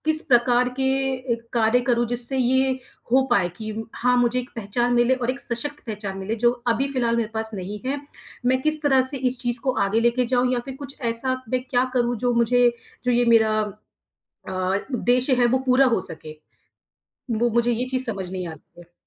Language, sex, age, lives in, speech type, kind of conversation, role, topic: Hindi, female, 30-34, India, conversation, advice, user, मैं अपने समुदाय में पहचान और स्वीकार्यता पाने के लिए कैसे योगदान दे सकता/सकती हूँ?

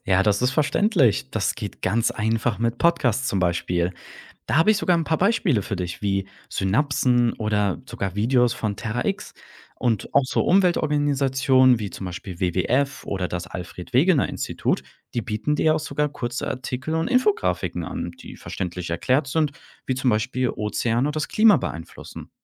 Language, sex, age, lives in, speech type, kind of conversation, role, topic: German, male, 25-29, Germany, read, expert-assistant, expert, Wie kann ich im Alltag mehr über die Bedeutung der Ozeane für unser Klima erfahren?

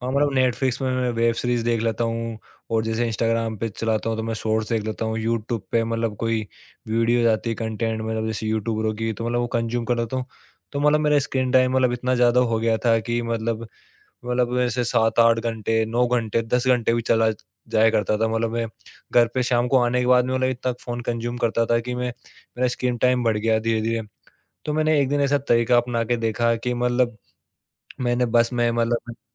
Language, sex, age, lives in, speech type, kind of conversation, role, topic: Hindi, male, 25-29, India, conversation, podcast, guest, Evening screen time ko kaatne ka tumhara tareeqa kya hai?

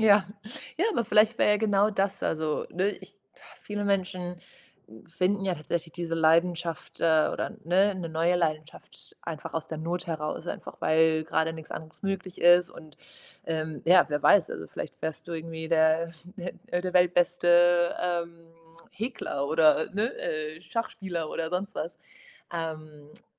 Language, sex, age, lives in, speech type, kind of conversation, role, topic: German, female, 25-29, Portugal, conversation, advice, advisor, Wie finde ich heraus, was mich wirklich begeistert?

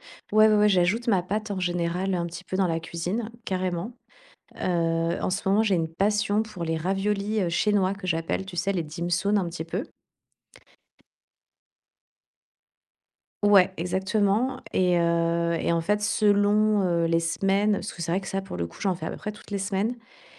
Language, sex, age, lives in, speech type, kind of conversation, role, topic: French, female, 40-44, Spain, conversation, podcast, guest, Quel plat te rappelle le mieux ton héritage multiculturel ?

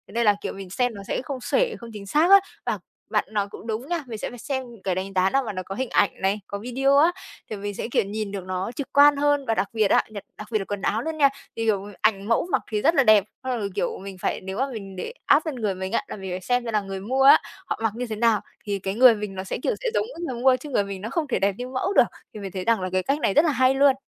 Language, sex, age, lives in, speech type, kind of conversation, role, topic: Vietnamese, female, 25-29, Vietnam, conversation, advice, user, Làm sao để biết sản phẩm này có chất lượng tốt hay không trước khi mua?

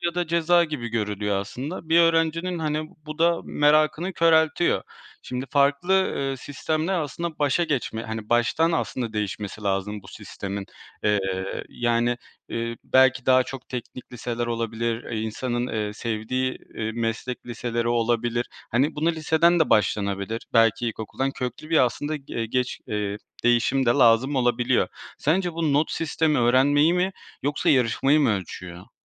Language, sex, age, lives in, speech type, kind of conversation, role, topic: Turkish, male, 25-29, Poland, conversation, podcast, host, Sence okullarda başarısızlığa bakış nasıl değişmeli?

